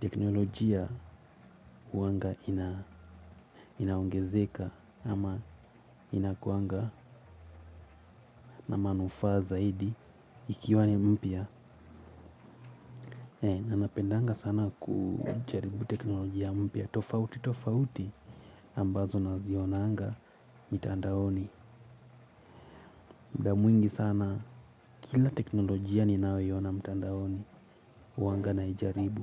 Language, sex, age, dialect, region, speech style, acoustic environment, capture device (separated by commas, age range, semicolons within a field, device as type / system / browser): Swahili, male, 18-24, Kenya - Mombasa, Kenya, focused, silence, mobile / Linux / Chrome